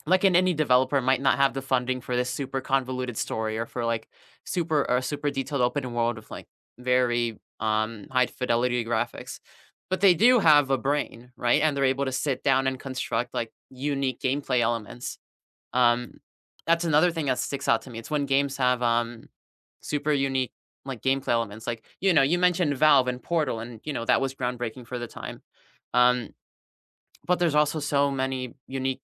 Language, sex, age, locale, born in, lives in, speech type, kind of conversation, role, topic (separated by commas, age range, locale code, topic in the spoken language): English, male, 20-24, en-US, United States, United States, conversation, unstructured, none, What would make your long-term goals feel more fun and doable in everyday life?